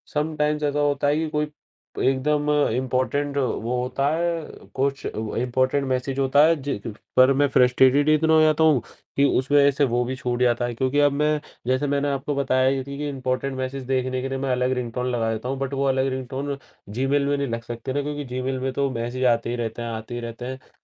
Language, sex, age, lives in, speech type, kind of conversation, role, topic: Hindi, male, 18-19, India, conversation, podcast, guest, Notifications se pareshan ho jaate ho toh tum kaun se kadam uthate ho?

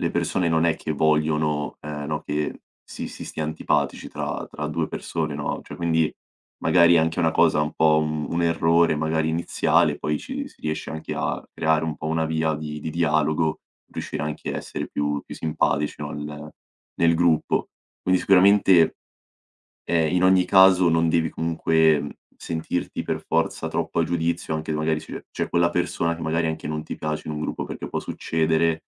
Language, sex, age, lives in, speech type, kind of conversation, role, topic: Italian, male, 18-19, Italy, conversation, advice, advisor, Come gestisci l’ansia sociale prima di un evento con persone che conosci poco?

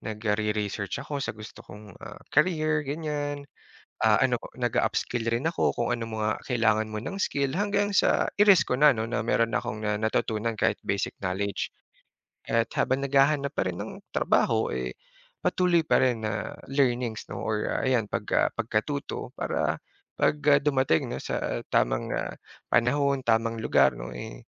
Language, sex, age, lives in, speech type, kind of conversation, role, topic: Filipino, male, 30-34, Philippines, conversation, podcast, guest, Paano mo malalaman kung ang paglago mo ay para sa sarili mo o para lang matugunan ang inaasahan ng iba?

